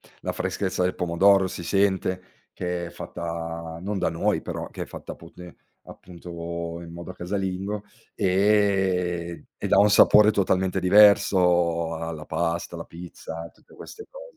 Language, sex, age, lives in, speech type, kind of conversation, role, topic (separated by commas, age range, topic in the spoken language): Italian, male, 40-44, Italy, conversation, podcast, guest, Che cosa ti fa sentire davvero a casa?